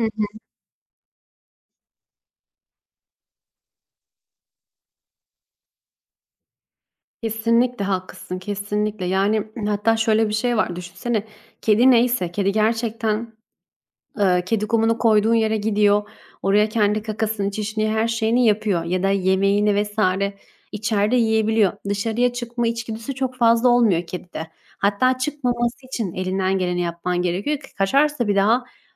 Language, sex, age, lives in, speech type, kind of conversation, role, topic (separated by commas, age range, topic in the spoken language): Turkish, female, 25-29, Italy, conversation, unstructured, none, Evcil hayvan olarak kedi mi yoksa köpek mi tercih edersiniz?